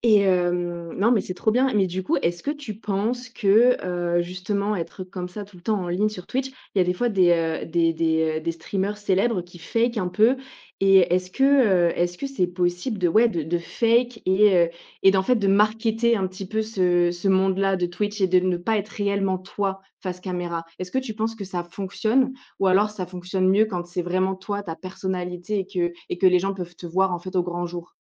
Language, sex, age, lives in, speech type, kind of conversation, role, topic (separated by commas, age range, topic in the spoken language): French, female, 25-29, France, conversation, podcast, host, Quel projet créatif rêves-tu encore de réaliser ?